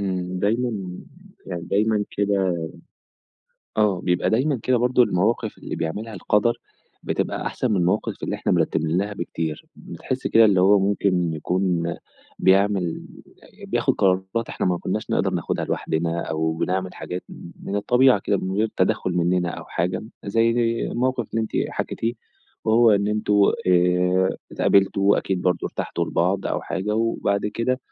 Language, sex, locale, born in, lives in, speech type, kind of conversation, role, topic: Arabic, male, ar-EG, Egypt, Egypt, conversation, podcast, host, ممكن تحكيلنا عن قصة صداقة مش متوقع إنك تنساها؟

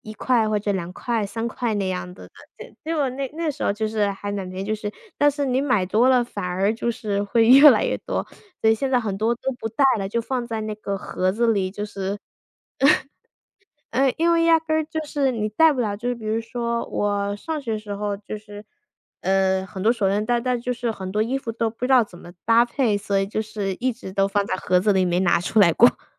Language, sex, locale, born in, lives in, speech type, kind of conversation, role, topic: Chinese, female, zh-CN, United States, United States, conversation, unstructured, none, 你平时是怎么放松自己的？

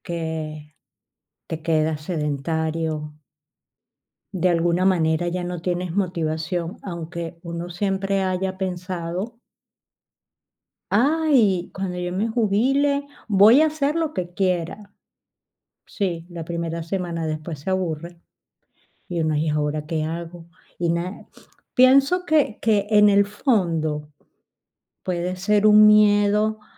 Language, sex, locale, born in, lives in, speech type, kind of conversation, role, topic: Spanish, female, es-VE, Spain, Spain, conversation, advice, user, ¿Desde que te jubilaste o te retiraste, te has sentido sin rumbo?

